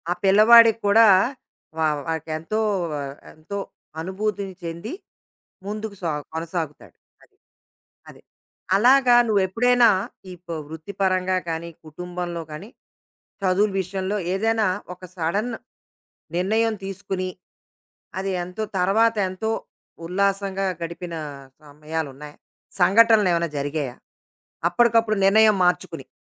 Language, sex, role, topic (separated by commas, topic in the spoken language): Telugu, female, host, ఒక్కసారిగా తీసుకున్న ఒక నిర్ణయం మీ జీవితాన్ని ఎలా మలిచింది?